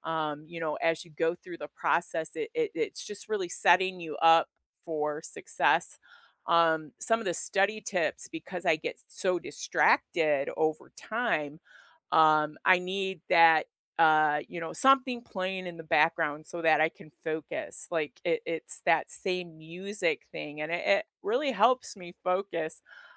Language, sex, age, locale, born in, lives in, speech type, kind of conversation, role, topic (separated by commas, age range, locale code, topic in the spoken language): English, female, 55-59, en-US, United States, United States, conversation, unstructured, none, Which study hacks have truly worked for you, and what stories made them stick?